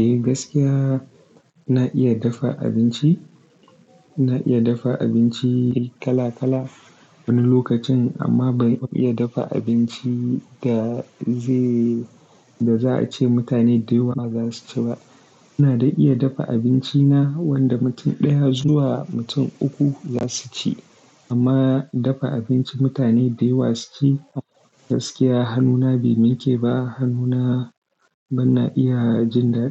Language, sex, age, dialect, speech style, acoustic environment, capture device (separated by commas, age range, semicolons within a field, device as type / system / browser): Hausa, male, 18-24, Nigeria - Kano, focused; excited; relaxed; happy, nature, desktop / Linux / Chrome